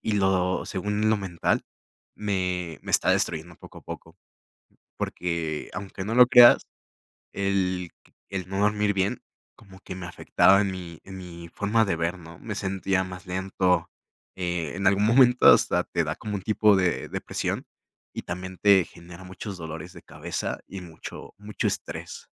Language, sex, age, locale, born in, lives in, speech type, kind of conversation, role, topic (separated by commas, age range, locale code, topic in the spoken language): Spanish, male, 20-24, es-MX, Mexico, Mexico, conversation, podcast, guest, ¿Cómo afecta el sueño a tu bienestar físico y mental?